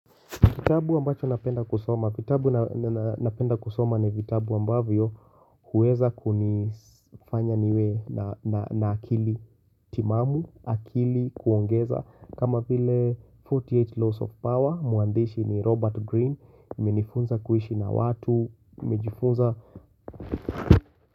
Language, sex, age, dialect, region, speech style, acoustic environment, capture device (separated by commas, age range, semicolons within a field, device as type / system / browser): Swahili, male, 25-34, Kenya - Nairobi Sheng-influenced, Kenya, tired; anxious; focused; excited; nervous, silence; appliances, mobile / Linux / Chrome